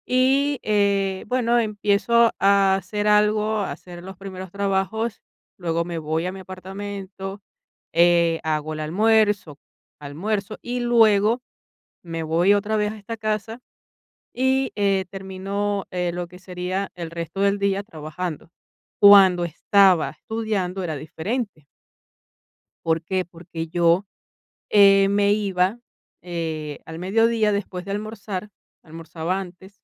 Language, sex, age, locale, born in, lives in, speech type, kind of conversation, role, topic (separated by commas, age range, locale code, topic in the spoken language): Spanish, female, 45-49, es-VE, Venezuela, Italy, conversation, advice, user, ¿Cómo puedo tomar descansos efectivos para recuperarme?